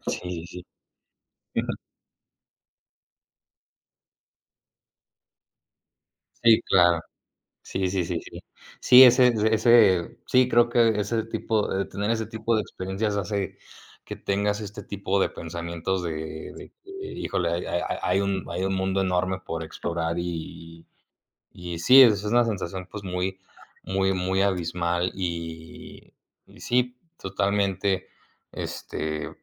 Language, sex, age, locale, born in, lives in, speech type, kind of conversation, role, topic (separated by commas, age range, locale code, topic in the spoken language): Spanish, male, 20-24, es-MX, Mexico, Mexico, conversation, unstructured, none, ¿Cuál es tu lugar natural favorito y por qué?